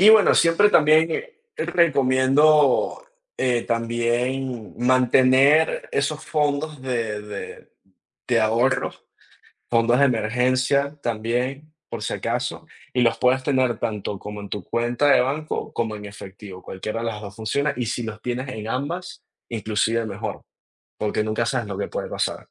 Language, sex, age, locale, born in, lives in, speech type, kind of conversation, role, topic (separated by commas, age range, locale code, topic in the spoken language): Spanish, male, 20-24, es-VE, Venezuela, United States, conversation, unstructured, none, ¿Cómo te preparas para enfrentar gastos inesperados?